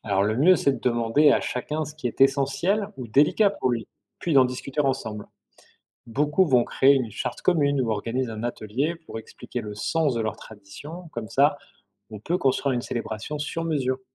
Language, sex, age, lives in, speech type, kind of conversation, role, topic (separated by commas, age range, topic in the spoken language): French, male, 45-49, France, read, expert-assistant, expert, Comment mixer des fêtes religieuses pour créer une nouvelle célébration commune ?